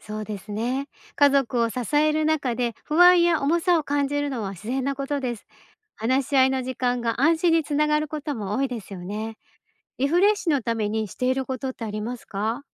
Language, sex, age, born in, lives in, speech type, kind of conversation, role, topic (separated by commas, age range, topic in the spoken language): Japanese, female, 50-54, Japan, Japan, read, expert-assistant, expert, 「心の健康」とは具体的に何を指すのですか？私たちの暮らしにどんな影響がありますか。